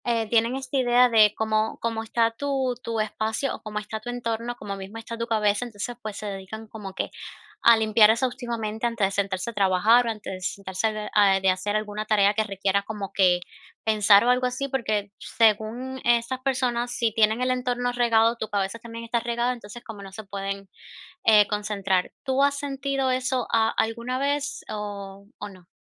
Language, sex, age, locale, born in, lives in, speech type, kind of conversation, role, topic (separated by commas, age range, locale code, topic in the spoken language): Spanish, female, 25-29, es-CU, Cuba, United States, conversation, advice, advisor, ¿Cómo puedo mantener mi espacio de trabajo limpio y ordenado?